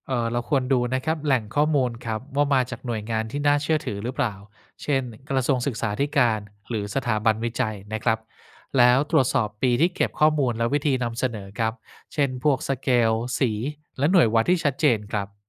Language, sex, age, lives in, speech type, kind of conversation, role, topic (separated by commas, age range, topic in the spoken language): Thai, male, 50-54, Thailand, read, expert-assistant, expert, ถ้าอยากรู้ว่านโยบายการศึกษาของไทยเปลี่ยนแปลงยังไงบ้างในช่วงหลังๆ ควรเริ่มหาข้อมูลจากที่ไหนบ้างดี?